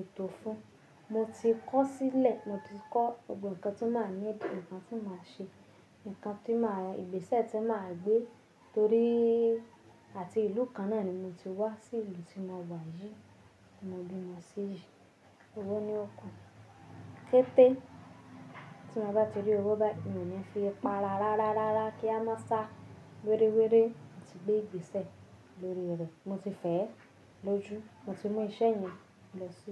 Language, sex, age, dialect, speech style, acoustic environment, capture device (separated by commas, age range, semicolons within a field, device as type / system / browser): Yoruba, female, 25-34, Nigeria - Nigerian Standard, happy; nervous, silence, mobile / Linux / Chrome